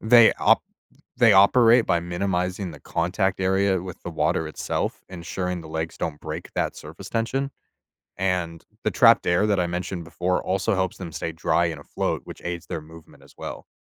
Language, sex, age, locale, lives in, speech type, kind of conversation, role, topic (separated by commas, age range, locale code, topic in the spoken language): English, male, 25-29, en-US, United States, read, expert-assistant, expert, How do insects walk on water?